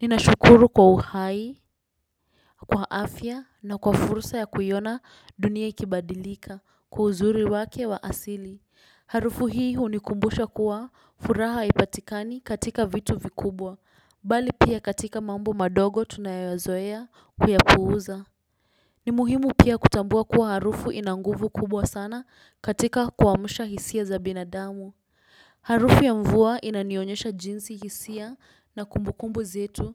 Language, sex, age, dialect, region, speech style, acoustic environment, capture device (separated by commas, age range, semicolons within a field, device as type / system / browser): Swahili, female, 18-24, Kenya - Nairobi Sheng-influenced, Kenya, relaxed, silence, desktop / Windows / Chrome